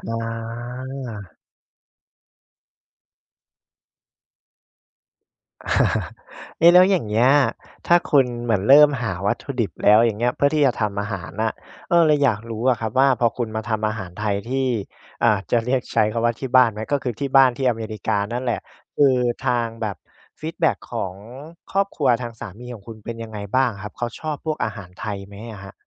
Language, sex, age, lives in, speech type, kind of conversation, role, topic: Thai, male, 25-29, Thailand, conversation, podcast, host, เล่าเรื่องทริปที่เปลี่ยนชีวิตให้ฟังหน่อยได้ไหม?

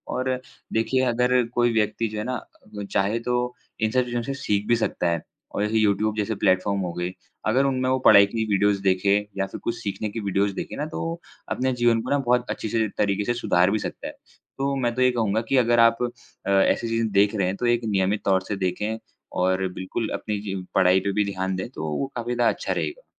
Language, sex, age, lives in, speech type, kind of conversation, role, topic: Hindi, male, 20-24, India, conversation, unstructured, none, आपके अनुसार, तकनीक ने मनोरंजन के तरीकों को कैसे बदला है?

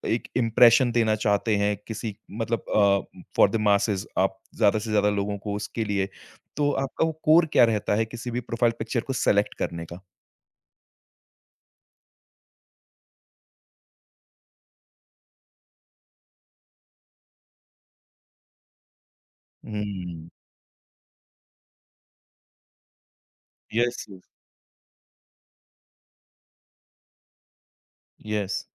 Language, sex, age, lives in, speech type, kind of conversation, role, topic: Hindi, male, 30-34, India, conversation, podcast, host, Profile picture choose karne ka tumhara kya funda hota hai?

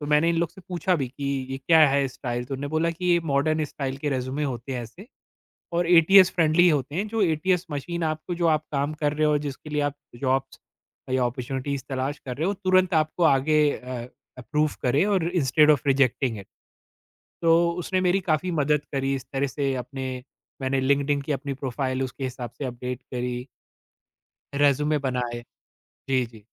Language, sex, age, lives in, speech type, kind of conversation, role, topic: Hindi, male, 40-44, India, conversation, podcast, guest, Job hunting mein online presence ka role kya hota hai?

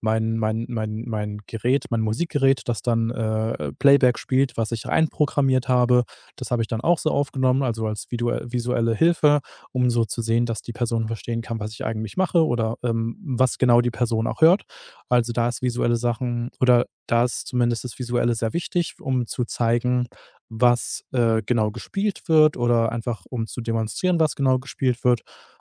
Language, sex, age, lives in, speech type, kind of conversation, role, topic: German, male, 30-34, Germany, conversation, podcast, guest, Wie nutzt du soziale Medien, um deine Arbeit zu teilen?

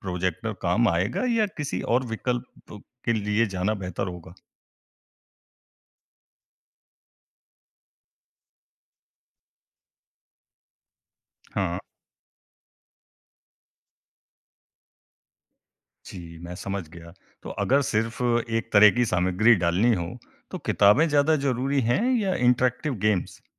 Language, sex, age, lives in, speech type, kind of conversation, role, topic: Hindi, male, 20-24, India, read, expert-assistant, asker, अगर आपके पास स्कूल में तकनीक लाने के लिए सिर्फ एक चीज़ चुनने का मौका हो, तो आप किसे सबसे ऊपर रखेंगे और क्यों?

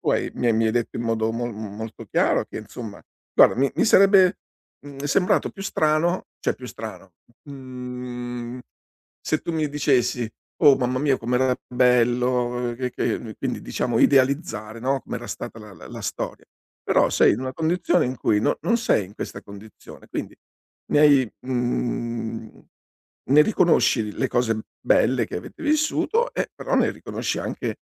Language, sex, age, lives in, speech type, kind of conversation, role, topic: Italian, male, 60-64, Italy, conversation, advice, advisor, Come posso smettere di pensare al mio ex?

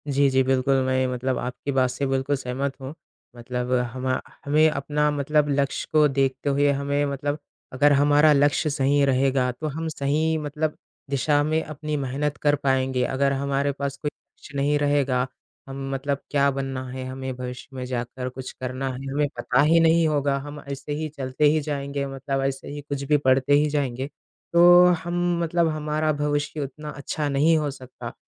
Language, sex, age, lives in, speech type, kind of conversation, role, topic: Hindi, male, 20-24, India, conversation, unstructured, none, आप अपने भविष्य के लिए सबसे बड़ा लक्ष्य क्या रखते हैं?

